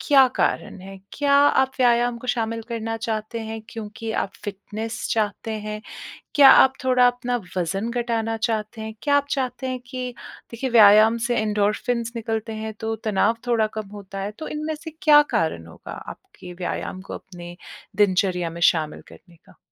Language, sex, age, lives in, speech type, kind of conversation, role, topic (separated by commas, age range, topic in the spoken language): Hindi, female, 30-34, India, conversation, advice, advisor, व्यस्त दिनचर्या में व्यायाम के लिए समय कैसे निकालूँ?